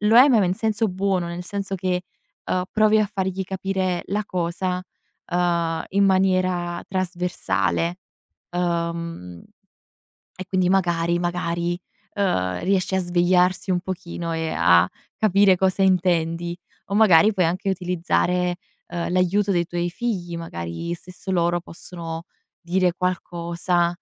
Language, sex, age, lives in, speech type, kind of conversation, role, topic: Italian, female, 20-24, Italy, conversation, advice, advisor, Perché state pensando di sposarvi ora, dopo una lunga convivenza?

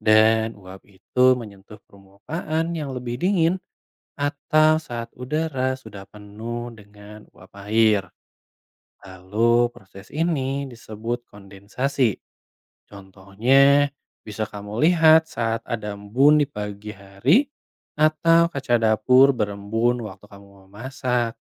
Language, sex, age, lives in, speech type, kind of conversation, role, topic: Indonesian, male, 25-29, Indonesia, read, expert-assistant, expert, Mengapa air bisa berubah menjadi uap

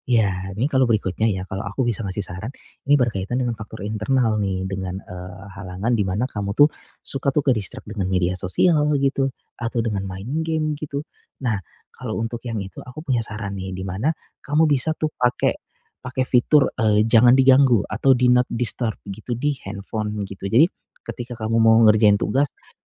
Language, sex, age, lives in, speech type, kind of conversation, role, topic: Indonesian, male, 35-39, Indonesia, conversation, advice, advisor, Bagaimana cara meminimalkan gangguan saat mengerjakan tugas secara beruntun?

